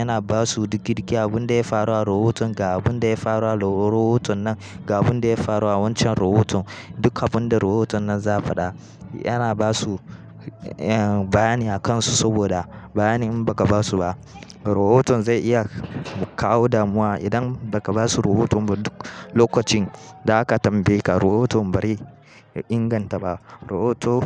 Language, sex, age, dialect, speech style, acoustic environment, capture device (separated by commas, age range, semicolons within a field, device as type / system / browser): Hausa, male, 18-24, Nigeria - Kano, nervous, nature, mobile / Linux / Chrome